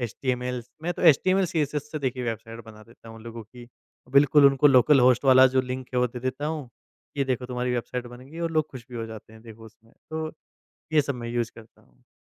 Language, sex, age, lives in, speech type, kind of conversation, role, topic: Hindi, male, 20-24, India, conversation, podcast, guest, Remote work aur technology se tumhara work-life balance kaise badlega?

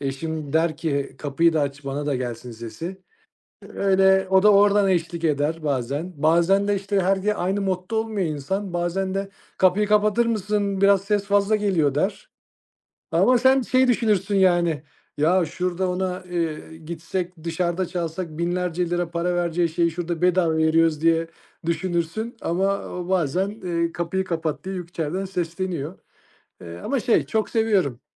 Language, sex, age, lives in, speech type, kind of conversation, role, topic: Turkish, male, 35-39, Austria, conversation, podcast, guest, Müzik zevkini nasıl tanımlarsın ve hangi kaynaklardan etkileniyorsun?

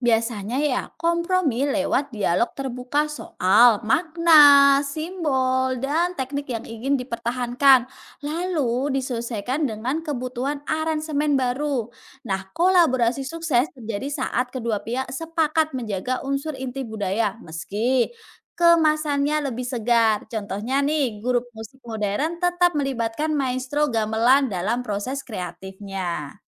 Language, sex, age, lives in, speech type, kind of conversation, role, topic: Indonesian, female, 30-34, Indonesia, read, expert-assistant, expert, Bagaimana pertemuan antara agama-agama berbeda membentuk budaya di berbagai tempat di dunia?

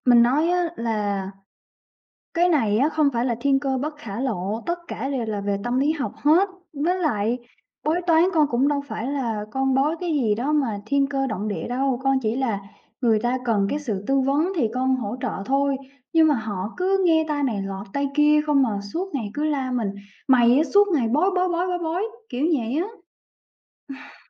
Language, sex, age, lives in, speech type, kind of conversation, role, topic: Vietnamese, female, 25-29, Vietnam, conversation, advice, user, Bạn nên làm gì khi không nhận được sự hỗ trợ từ người thân trong quá trình theo đuổi mục tiêu của mình?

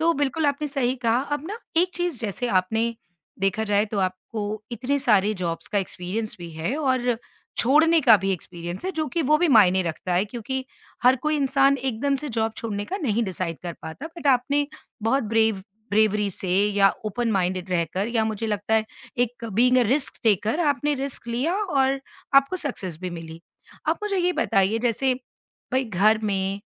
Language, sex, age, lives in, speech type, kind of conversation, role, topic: Hindi, female, 50-54, India, conversation, podcast, host, Aapko kab lagta hai ki naukri chhodna sahi hota hai?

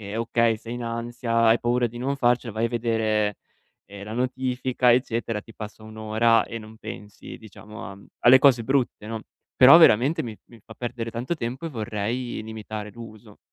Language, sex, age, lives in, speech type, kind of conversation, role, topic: Italian, male, 25-29, Italy, conversation, advice, user, Come posso ridurre le distrazioni digitali nella mia giornata?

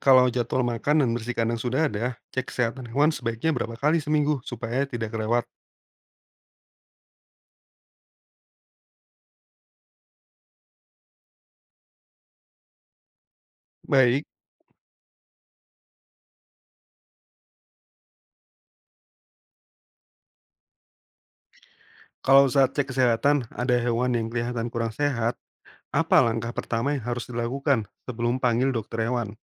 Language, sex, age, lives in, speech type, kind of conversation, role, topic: Indonesian, male, 30-34, Indonesia, read, expert-assistant, asker, Bagaimana cara membuat jadwal perawatan harian ternak agar hasilnya lebih maksimal dan hewan tetap sehat?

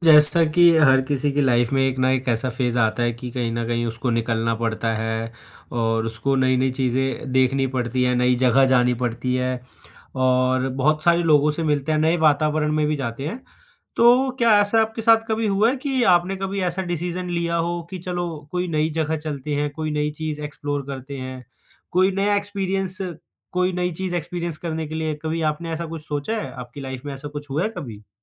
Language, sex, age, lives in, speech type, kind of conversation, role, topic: Hindi, male, 20-24, India, conversation, podcast, host, Tumhari family migration story kaise shuru hui?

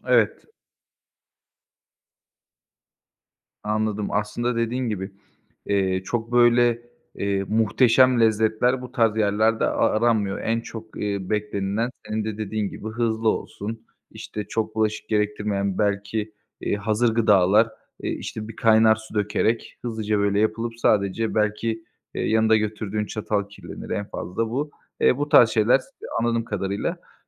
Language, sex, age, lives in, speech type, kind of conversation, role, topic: Turkish, male, 25-29, Bulgaria, conversation, podcast, host, Kamp yemeklerini pratik hale getirmenin yöntemleri nelerdir?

